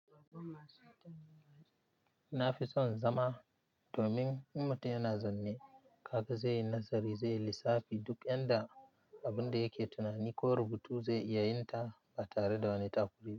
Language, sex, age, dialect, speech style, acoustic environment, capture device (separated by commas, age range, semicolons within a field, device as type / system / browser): Hausa, male, 25-34, Nigeria - Nigerian Standard, happy, silence, mobile / Linux / Chrome